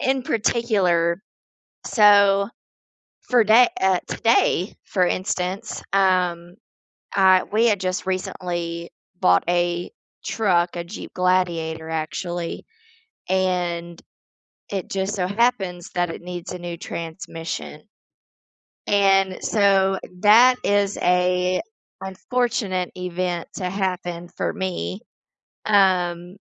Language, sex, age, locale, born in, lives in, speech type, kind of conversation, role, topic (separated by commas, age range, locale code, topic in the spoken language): English, female, 35-39, en-US, United States, United States, conversation, unstructured, none, How do hobbies help you relax after a stressful day?